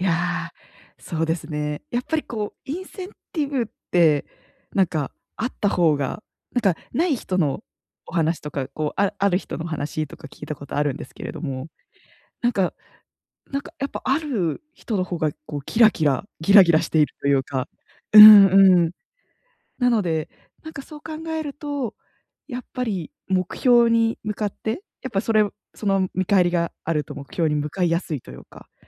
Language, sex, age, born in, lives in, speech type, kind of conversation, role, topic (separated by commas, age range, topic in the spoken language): Japanese, female, 25-29, Japan, Japan, conversation, advice, advisor, 安定した生活と情熱を両立するには、どうすればよいですか？